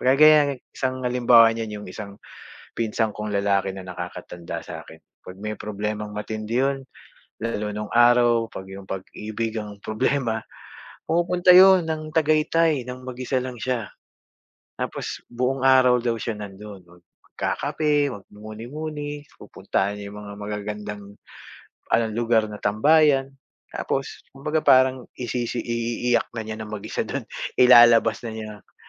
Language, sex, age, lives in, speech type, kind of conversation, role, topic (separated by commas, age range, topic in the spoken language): Filipino, male, 45-49, Philippines, conversation, unstructured, none, Paano mo karaniwang nilalampasan ang stress sa araw-araw?